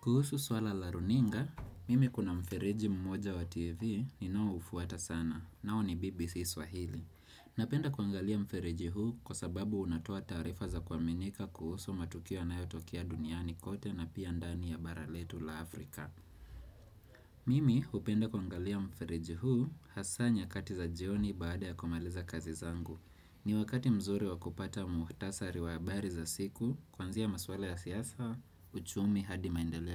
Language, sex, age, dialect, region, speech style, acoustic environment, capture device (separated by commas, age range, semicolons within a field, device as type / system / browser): Swahili, male, 25-34, Kenya - Nairobi Sheng-influenced, Kenya, relaxed, people talking; traffic; nature, desktop / Windows / Chrome